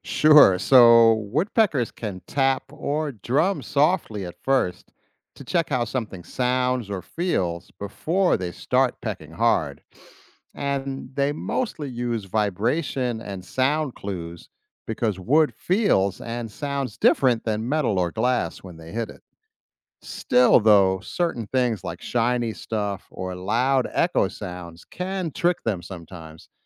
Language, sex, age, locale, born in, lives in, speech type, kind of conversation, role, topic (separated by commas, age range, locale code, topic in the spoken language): English, male, 50-54, en-US, United States, United States, read, expert-assistant, expert, How do woodpeckers avoid head injury when pecking?